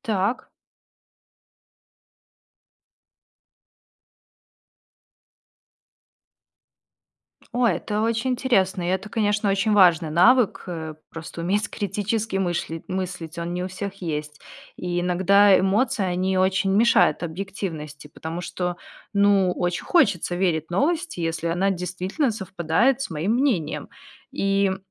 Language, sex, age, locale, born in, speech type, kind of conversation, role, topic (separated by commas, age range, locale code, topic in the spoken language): Russian, female, 30-34, ru-RU, Belarus, read, expert-assistant, asker, Какие простые правила помогают не запутаться в огромном потоке информации из разных медиа?